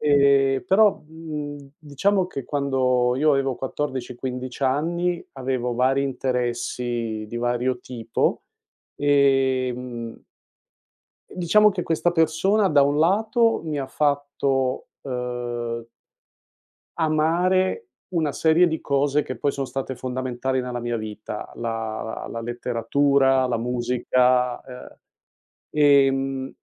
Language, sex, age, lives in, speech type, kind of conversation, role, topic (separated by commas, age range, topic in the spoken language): Italian, male, 60-64, United States, conversation, podcast, guest, Parla di una persona che ti ha davvero ispirato, sai?